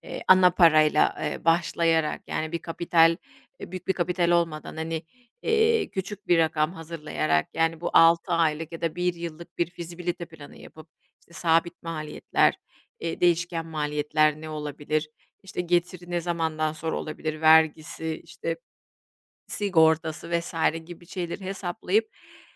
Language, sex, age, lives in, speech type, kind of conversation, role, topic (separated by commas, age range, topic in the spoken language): Turkish, female, 40-44, Spain, conversation, advice, advisor, Kariyer değişikliği yapmaktan neden korkuyorsunuz?